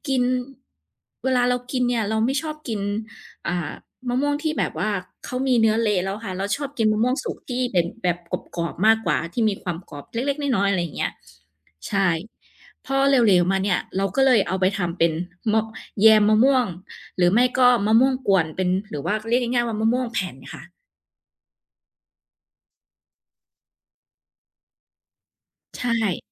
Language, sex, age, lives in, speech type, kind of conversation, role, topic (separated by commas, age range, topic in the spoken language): Thai, female, 30-34, Thailand, conversation, podcast, guest, คุณมีวิธีคิดเมนูจากของเหลือในตู้เย็นอย่างไรบ้าง?